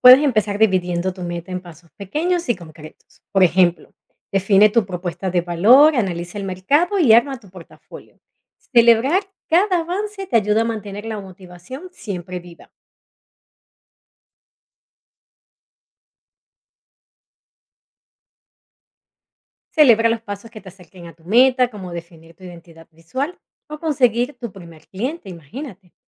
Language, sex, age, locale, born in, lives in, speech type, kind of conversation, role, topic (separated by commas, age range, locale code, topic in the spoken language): Spanish, female, 40-44, es-VE, Venezuela, United States, read, expert-assistant, expert, ¿Cuál es la mejor manera de convertir una meta grande en pasos alcanzables y no perder la motivación en el camino?